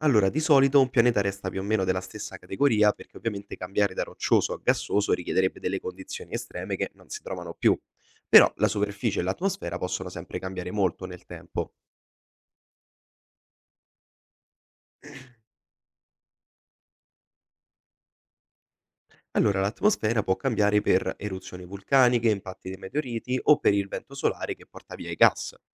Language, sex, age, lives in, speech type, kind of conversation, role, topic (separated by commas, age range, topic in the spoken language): Italian, male, 20-24, Italy, read, expert-assistant, expert, Quali sono le basi che dovrei conoscere per capire come si formano e si evolvono i pianeti?